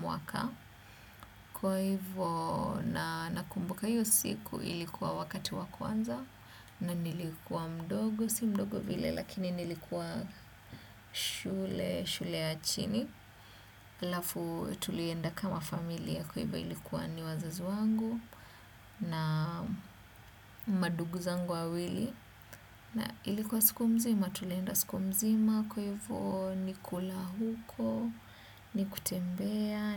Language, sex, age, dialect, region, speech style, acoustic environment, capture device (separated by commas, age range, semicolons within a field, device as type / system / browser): Swahili, female, 25-34, Kenya - Nairobi Sheng-influenced, Kenya, excited; focused; nervous, appliances; silence, desktop / Windows / Chrome